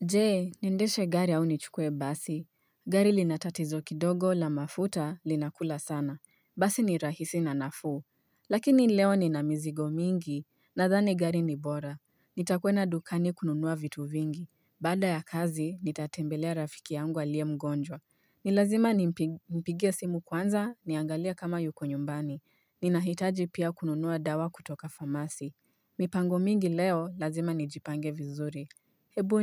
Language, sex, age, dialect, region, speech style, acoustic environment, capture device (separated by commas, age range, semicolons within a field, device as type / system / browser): Swahili, female, 25-34, Kenya - Nairobi Sheng-influenced, Kenya, excited, silence, mobile / Linux / Chrome